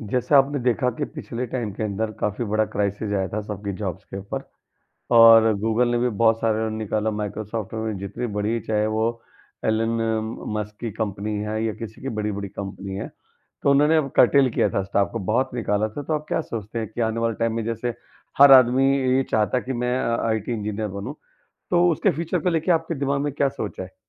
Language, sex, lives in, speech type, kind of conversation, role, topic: Hindi, male, India, conversation, podcast, host, Start-up join karna ya secure naukri choose karna—iss baare mein tumhari kya soch hai?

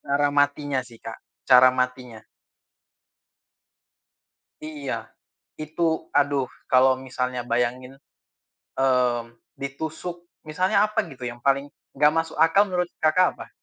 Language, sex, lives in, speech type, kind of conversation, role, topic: Indonesian, male, Indonesia, conversation, unstructured, none, Apa yang biasanya membuatmu merasa tidak nyaman saat membicarakan kematian?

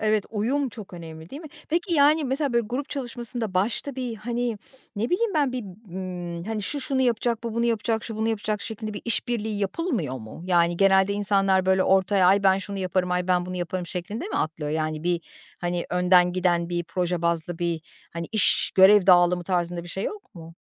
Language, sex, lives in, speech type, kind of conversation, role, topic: Turkish, female, Poland, conversation, podcast, host, Tek başına çalışmayı mı yoksa grup çalışmasını mı tercih edersin, neden?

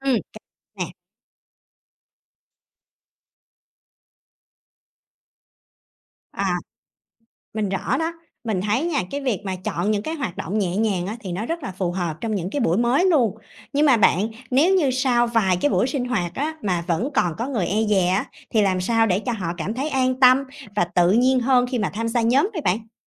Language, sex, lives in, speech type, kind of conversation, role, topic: Vietnamese, female, Vietnam, read, expert-assistant, asker, Làm sao để chăm sóc bệnh nhân tốt mà vẫn có thời gian cho bản thân?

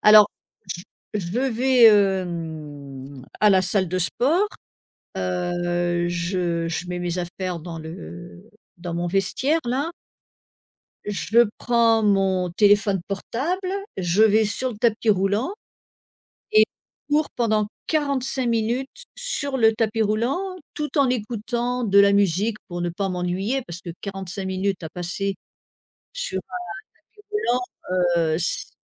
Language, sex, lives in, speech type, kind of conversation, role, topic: French, female, United States, conversation, podcast, guest, Comment se passent vos matins chez vous ?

